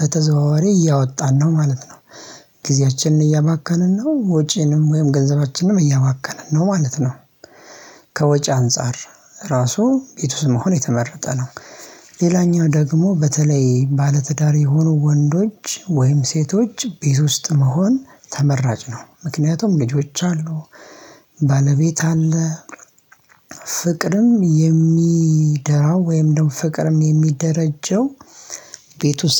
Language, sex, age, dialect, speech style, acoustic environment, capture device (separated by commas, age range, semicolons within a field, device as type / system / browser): Amharic, male, 25-34, Ethiopia - Addis Ababa, happy, silence, mobile / Linux / Chrome